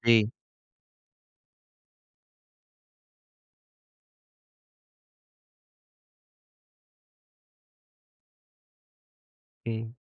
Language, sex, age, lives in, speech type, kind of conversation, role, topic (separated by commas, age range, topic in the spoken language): Hindi, male, 30-34, India, conversation, podcast, host, Kya koi aisa trend hai jo tumne kabhi follow kiya tha aur baad mein chhod diya?